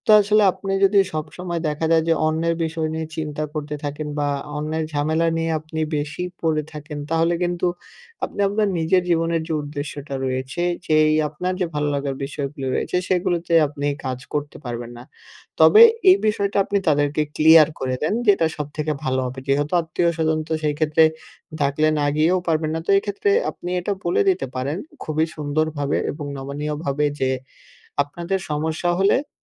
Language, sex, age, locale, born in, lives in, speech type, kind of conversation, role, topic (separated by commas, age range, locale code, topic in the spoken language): Bengali, male, 18-19, bn-BD, Bangladesh, Bangladesh, conversation, advice, advisor, আমি কিভাবে পরিবারে ন্যায্যতা ও সমন্বয় বজায় রাখতে পারি